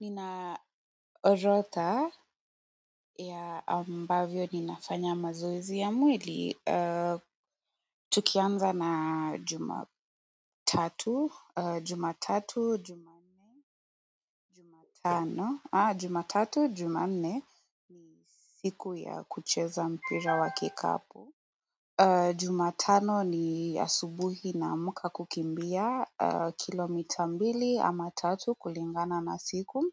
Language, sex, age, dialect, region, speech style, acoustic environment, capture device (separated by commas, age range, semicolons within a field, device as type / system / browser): Swahili, female, 18-24, Kenya - Nairobi Sheng-influenced, Kenya, relaxed, silence, mobile / Android / Chrome